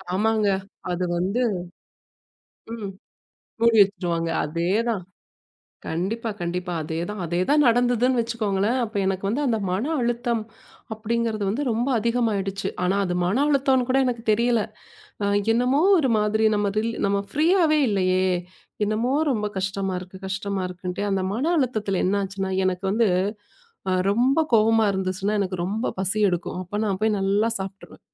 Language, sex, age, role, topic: Tamil, female, 35-39, guest, மூச்சை கவனிக்கும் பயிற்சியை செய்வதால் பொதுவாக என்னென்ன பலன்கள் கிடைக்கும்?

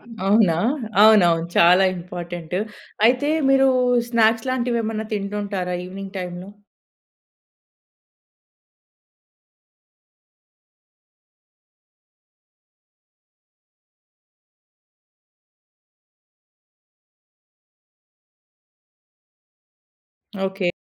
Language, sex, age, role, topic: Telugu, female, 30-34, host, భోజనం చేసే ముందు, తరువాత మనం పాటించే చిన్న ఆచారాలు ఏమిటి?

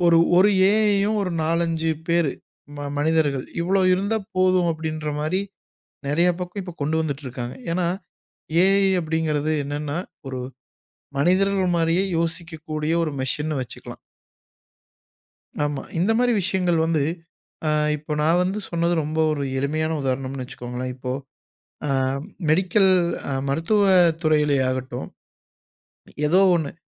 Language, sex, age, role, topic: Tamil, male, 25-29, guest, ஏ.ஐ. வந்த பிறகு வேலைகள் எப்படி இருக்கும்?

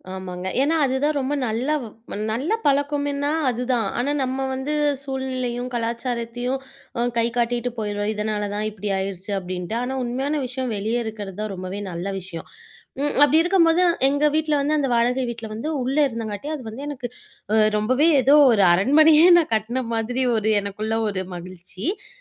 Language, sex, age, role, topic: Tamil, female, 25-29, guest, நீங்கள் வீடு வாங்கிய நாள் பற்றிய நினைவுகள் என்னென்ன இருக்கின்றன?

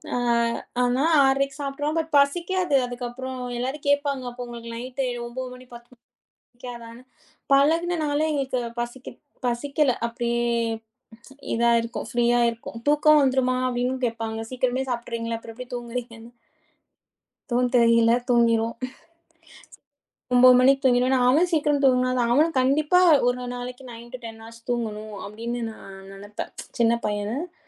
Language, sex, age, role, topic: Tamil, female, 30-34, guest, மனமும் உடலும் சமநிலையில் இருக்க நீங்கள் எந்தப் பழக்கங்களைப் பின்பற்றுகிறீர்கள்?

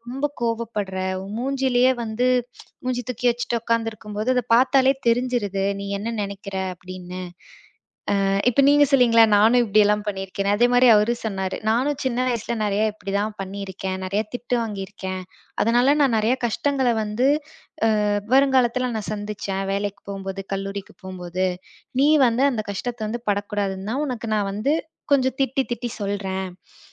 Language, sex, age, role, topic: Tamil, female, 25-29, guest, பள்ளிப் பருவ அனுபவங்கள் உங்கள் வாழ்க்கையை எவ்வாறு பாதித்துள்ளன?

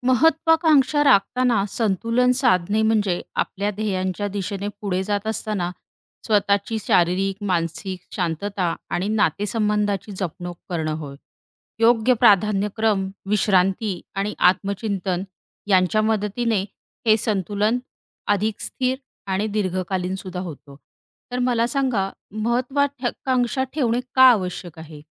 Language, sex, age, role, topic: Marathi, female, 35-39, host, महत्त्वाकांक्षा जपत असताना तुम्ही आयुष्यातील संतुलन कसे साधता?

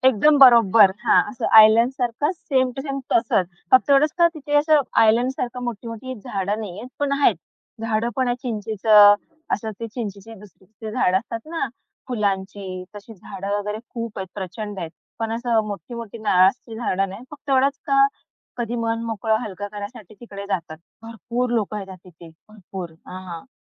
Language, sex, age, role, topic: Marathi, female, 25-29, guest, निसर्गातून तुला कोणत्या कल्पना किंवा प्रेरणा मिळाल्या आहेत?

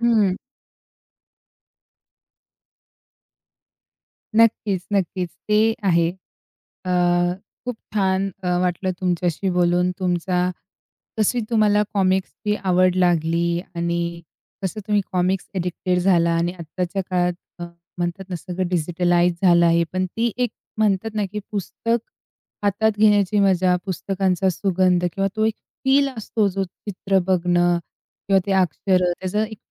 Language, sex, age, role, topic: Marathi, female, 45-49, host, तुमचा कॉमिक्स वाचण्याचा अनुभव कसा होता?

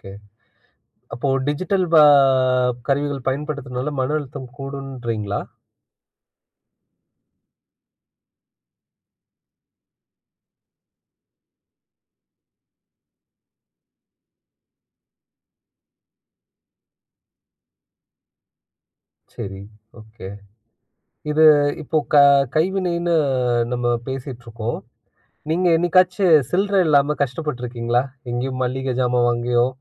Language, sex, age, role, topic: Tamil, male, 30-34, host, டிஜிட்டலும் கைவினையும் ஆகியவற்றில் உங்களுக்கு எது அதிகமாகப் பிடிக்கும், ஏன்?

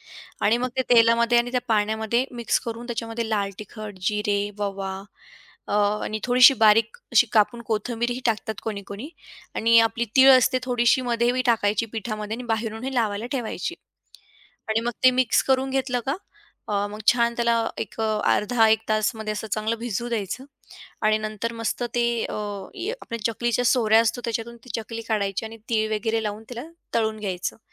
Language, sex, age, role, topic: Marathi, female, 40-44, guest, तुम्ही कुटुंबातील एखादी रेसिपी कशी जपता किंवा लिहून ठेवता?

